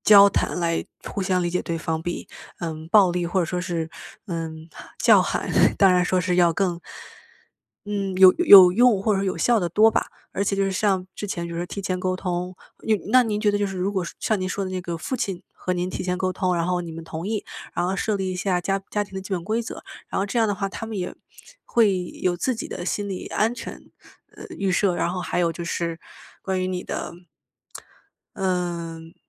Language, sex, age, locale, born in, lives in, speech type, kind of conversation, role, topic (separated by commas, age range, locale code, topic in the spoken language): Chinese, female, 25-29, zh-CN, China, United States, conversation, podcast, host, 家人之间互相查看手机可以吗?